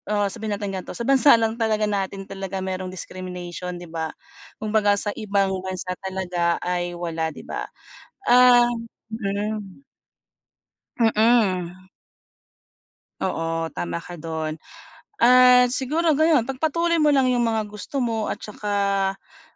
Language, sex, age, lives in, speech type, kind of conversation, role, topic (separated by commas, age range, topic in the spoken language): Filipino, female, 40-44, Philippines, conversation, advice, advisor, Paano ko haharapin ang mga hatol at kritika sa internet?